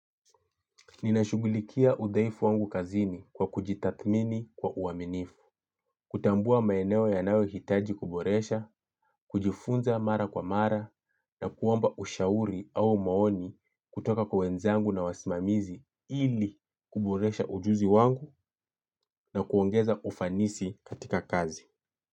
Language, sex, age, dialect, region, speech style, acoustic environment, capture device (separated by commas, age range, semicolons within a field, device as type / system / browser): Swahili, male, 25-34, Kenya - Mombasa, Kenya, focused, silence, mobile / Linux / Chrome